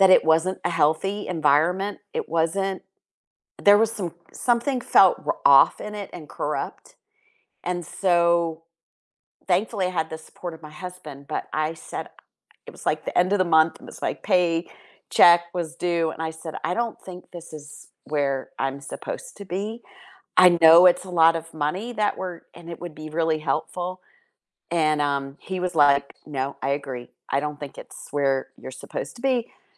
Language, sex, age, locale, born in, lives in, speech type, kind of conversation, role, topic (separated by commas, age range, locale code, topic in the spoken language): English, female, 55-59, en-US, United States, United States, conversation, unstructured, none, Why can making the right choice sometimes feel so challenging?